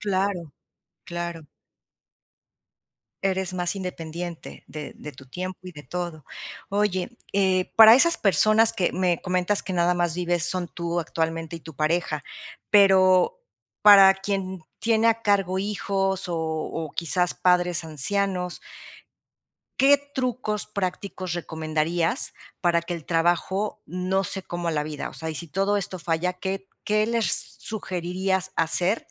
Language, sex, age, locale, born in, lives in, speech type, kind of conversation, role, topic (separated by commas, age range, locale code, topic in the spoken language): Spanish, female, 50-54, es-MX, Mexico, Mexico, conversation, podcast, host, ¿Cómo equilibras el trabajo y tu vida personal?